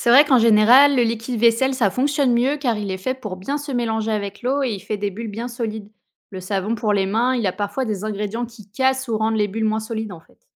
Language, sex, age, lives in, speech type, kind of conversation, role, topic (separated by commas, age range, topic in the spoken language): French, female, 30-34, France, read, expert-assistant, expert, Comment les bulles de savon se forment-elles ?